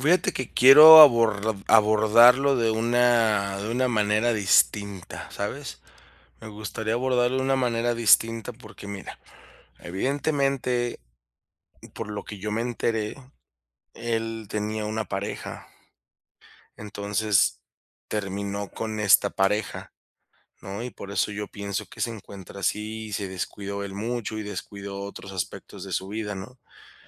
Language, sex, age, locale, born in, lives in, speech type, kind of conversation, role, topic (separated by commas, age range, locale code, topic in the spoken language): Spanish, male, 30-34, es-MX, Mexico, Mexico, conversation, advice, user, ¿Cómo puedo establecer límites respetuosos con vecinos o familiares en una nueva cultura?